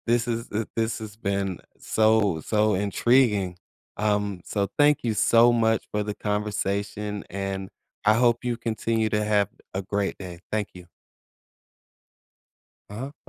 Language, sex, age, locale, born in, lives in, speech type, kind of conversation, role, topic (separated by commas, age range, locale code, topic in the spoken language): English, male, 40-44, en-US, United States, United States, conversation, podcast, host, Why do people enjoy cooking in their free time?